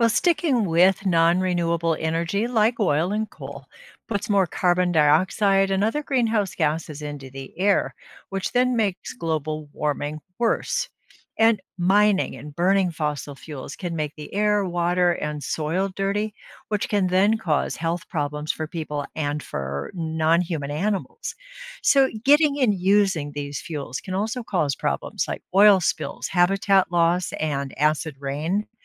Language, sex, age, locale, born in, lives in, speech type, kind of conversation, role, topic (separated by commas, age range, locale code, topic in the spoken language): English, female, 65-69, en-US, United States, United States, read, expert-assistant, expert, What is the difference between renewable and nonrenewable energy sources?